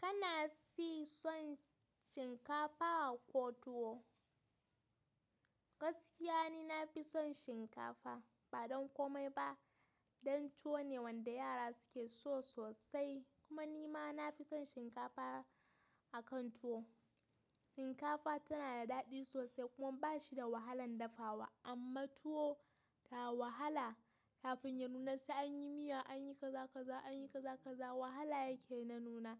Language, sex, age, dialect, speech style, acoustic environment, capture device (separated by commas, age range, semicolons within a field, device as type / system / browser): Hausa, female, 18-24, Nigeria - Kano, happy, nature, mobile / Linux / Chrome